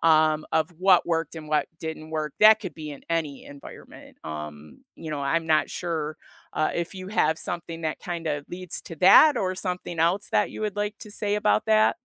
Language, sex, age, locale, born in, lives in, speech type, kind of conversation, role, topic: English, female, 55-59, en-US, United States, United States, conversation, unstructured, none, What meeting formats have genuinely helped your team?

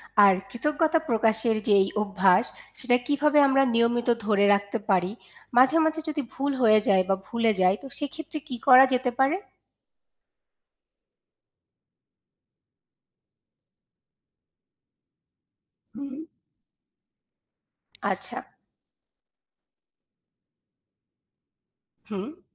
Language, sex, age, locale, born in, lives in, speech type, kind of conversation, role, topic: Bengali, female, 25-29, bn-BD, Bangladesh, Bangladesh, read, expert-assistant, asker, দৈনন্দিন জীবনে কৃতজ্ঞতা প্রকাশের উপকারিতা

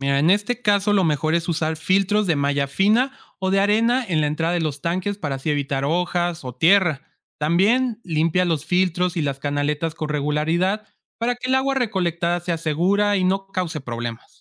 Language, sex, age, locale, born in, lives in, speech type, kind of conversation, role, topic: Spanish, male, 25-29, es-MX, Mexico, Mexico, read, expert-assistant, expert, ¿Qué nuevas tecnologías o métodos podríamos probar para ahorrar agua en el riego agrícola sin sacrificar la producción?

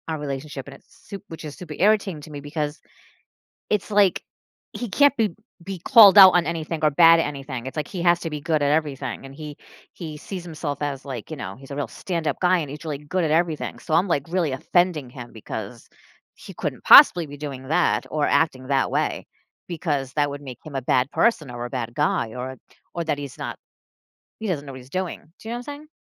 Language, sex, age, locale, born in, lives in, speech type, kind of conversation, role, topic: English, female, 45-49, en-US, United States, United States, conversation, advice, user, How do I start honest conversations with my partner?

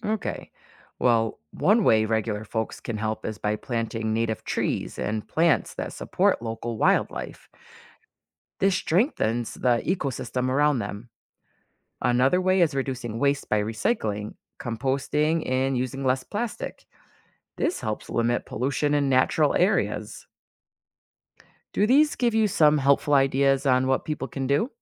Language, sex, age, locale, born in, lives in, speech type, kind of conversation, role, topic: English, female, 40-44, en-US, United States, United States, read, expert-assistant, expert, How do ecosystems function and why are they important?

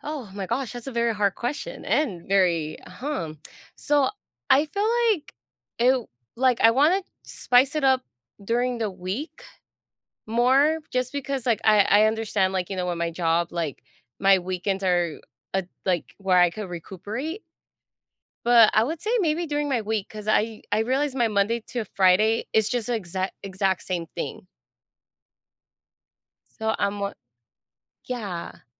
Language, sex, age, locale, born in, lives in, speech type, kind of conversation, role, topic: English, female, 25-29, en-US, United States, United States, conversation, advice, user, How can I add more adventure to my routine?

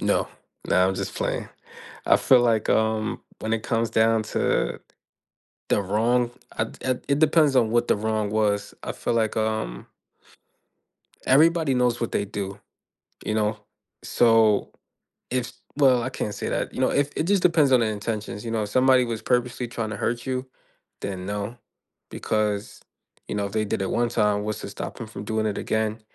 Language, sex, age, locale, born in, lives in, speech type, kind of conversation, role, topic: English, male, 25-29, en-US, United States, United States, conversation, unstructured, none, Should people forgive those who have committed serious wrongs?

